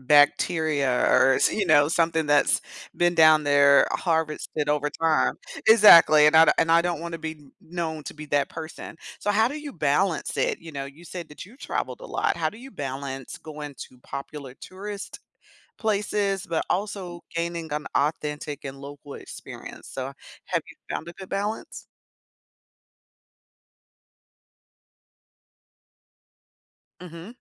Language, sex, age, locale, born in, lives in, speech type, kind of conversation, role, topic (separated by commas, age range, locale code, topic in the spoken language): English, female, 45-49, en-US, United States, United States, conversation, podcast, host, How can trying new experiences while traveling make a trip more meaningful?